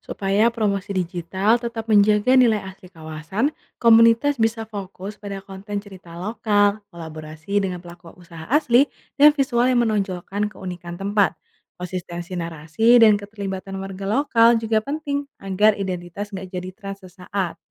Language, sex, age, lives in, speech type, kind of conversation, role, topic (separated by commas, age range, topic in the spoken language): Indonesian, female, 25-29, Indonesia, read, expert-assistant, expert, Bagaimana cara mudah mengetahui kenapa kawasan tertentu jadi pusat bisnis, sementara daerah lain lebih sepi aktivitas ekonomi?